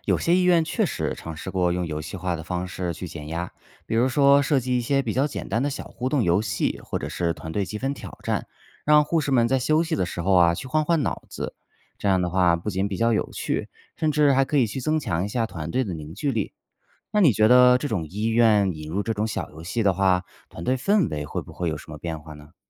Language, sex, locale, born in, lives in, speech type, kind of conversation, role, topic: Chinese, male, zh-CN, China, United States, read, expert-assistant, expert, 护士有哪些创新的减压方法？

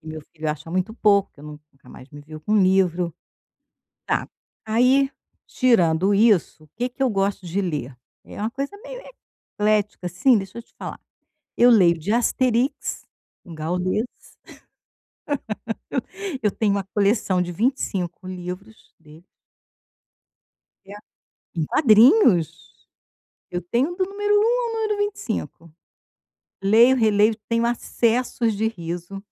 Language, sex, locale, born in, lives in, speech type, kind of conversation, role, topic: Portuguese, female, pt-BR, Brazil, Portugal, conversation, advice, user, Como posso ser mais consistente na minha leitura diária?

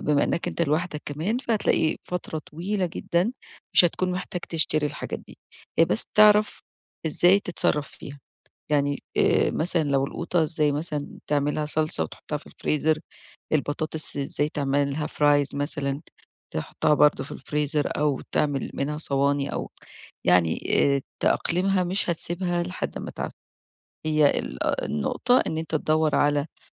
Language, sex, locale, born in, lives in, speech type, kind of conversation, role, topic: Arabic, female, ar-EG, Egypt, Egypt, conversation, advice, advisor, ازاي أخطط ميزانية تساعدني أسدّد ديوني بشكل مستدام؟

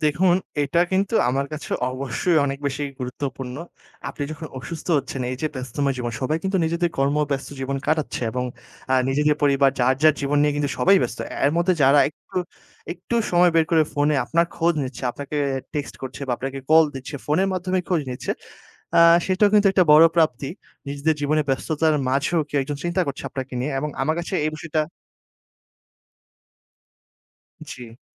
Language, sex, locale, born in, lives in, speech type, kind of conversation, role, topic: Bengali, male, bn-BD, Bangladesh, Bangladesh, conversation, podcast, guest, পাড়ায় কেউ অসুস্থ হলে খাবার পাঠানোর প্রথা সম্পর্কে আপনার অভিজ্ঞতা কী?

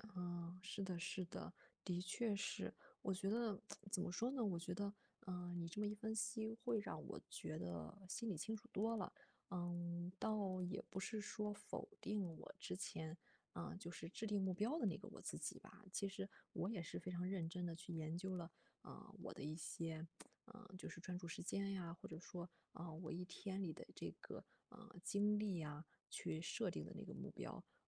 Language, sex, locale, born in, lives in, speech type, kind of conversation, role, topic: Chinese, female, zh-CN, China, Germany, conversation, advice, user, 在生活发生变化时，我该如何调整自己的目标？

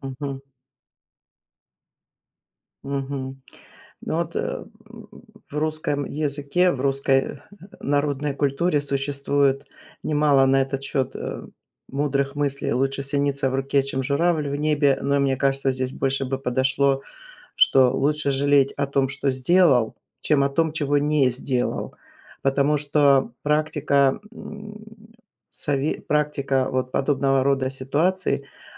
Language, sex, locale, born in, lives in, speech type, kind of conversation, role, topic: Russian, female, ru-RU, Russia, United States, conversation, advice, advisor, Почему я постоянно беспокоюсь, когда выбираю между вариантами, и боюсь упустить что-то важное?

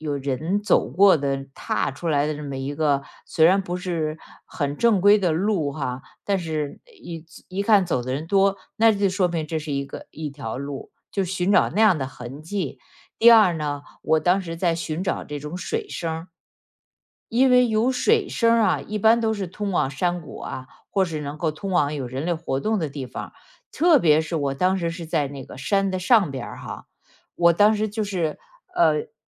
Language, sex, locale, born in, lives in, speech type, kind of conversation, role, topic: Chinese, female, zh-CN, China, United States, conversation, podcast, guest, 有哪一次迷路的经历让你收获很大，可以和我分享一下吗？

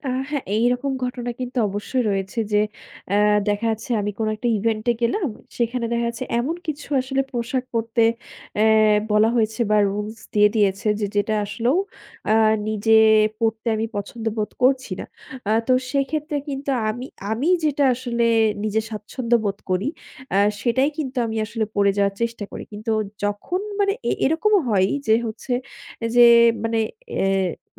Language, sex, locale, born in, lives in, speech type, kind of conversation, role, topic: Bengali, female, bn-BD, Bangladesh, Bangladesh, conversation, podcast, guest, আপনার পরিবারের পোশাক-পরিচ্ছদের রীতি আপনাকে কীভাবে গড়েছে?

